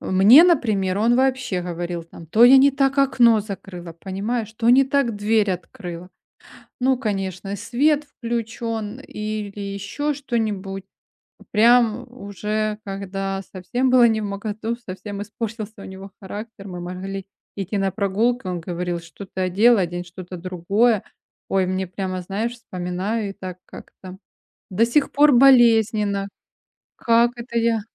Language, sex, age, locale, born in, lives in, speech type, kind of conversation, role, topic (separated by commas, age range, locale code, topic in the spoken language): Russian, female, 45-49, ru-RU, Ukraine, Spain, conversation, advice, user, Как мне меньше бояться оценки и критики со стороны других?